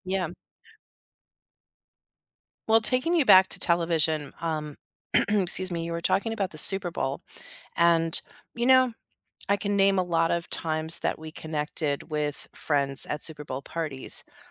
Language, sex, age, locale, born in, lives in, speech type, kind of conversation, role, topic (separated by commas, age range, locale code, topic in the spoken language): English, female, 50-54, en-US, United States, United States, conversation, unstructured, none, How have music, art, and sports shaped your learning journey and helped you connect with others?